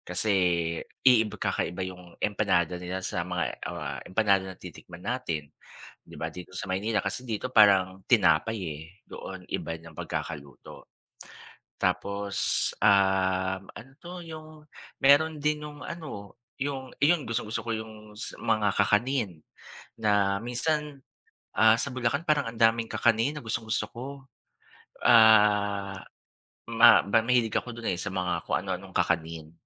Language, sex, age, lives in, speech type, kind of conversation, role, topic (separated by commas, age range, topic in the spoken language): Filipino, male, 40-44, Philippines, conversation, unstructured, none, Ano ang pinakapaborito mong pagkaing natikman habang naglalakbay ka?